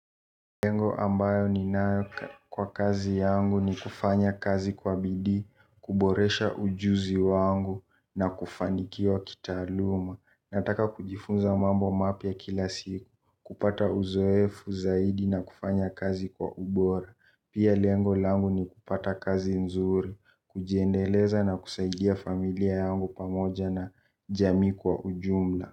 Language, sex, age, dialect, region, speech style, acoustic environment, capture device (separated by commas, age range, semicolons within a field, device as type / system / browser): Swahili, male, 18-24, Kenya - Nairobi Sheng-influenced, Kenya, tired, silence, mobile / Linux / Chrome